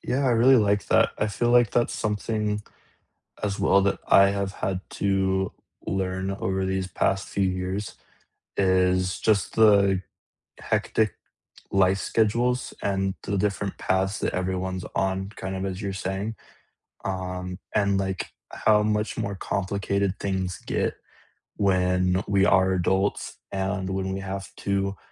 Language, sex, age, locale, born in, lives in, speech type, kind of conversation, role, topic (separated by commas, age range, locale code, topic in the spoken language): English, male, 20-24, en-US, United States, United States, conversation, unstructured, none, What small, practical life tip do you wish you’d learned sooner, and how has it helped?